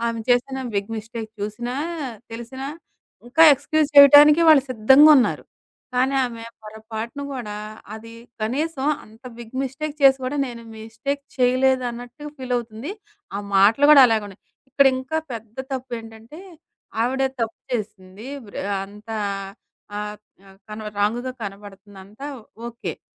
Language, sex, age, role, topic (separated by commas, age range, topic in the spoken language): Telugu, female, 40-44, guest, తప్పు చేసినప్పుడు నిజాయితీగా క్షమాపణ ఎలా అడగాలి?